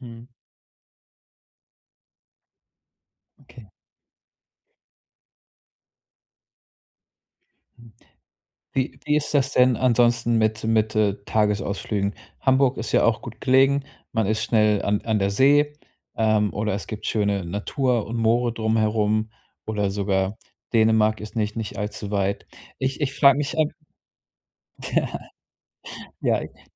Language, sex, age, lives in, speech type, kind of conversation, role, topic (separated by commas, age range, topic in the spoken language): German, male, 35-39, Germany, conversation, advice, advisor, Wie kann ich trotz Zeitmangels Urlaub machen und neue Orte entdecken?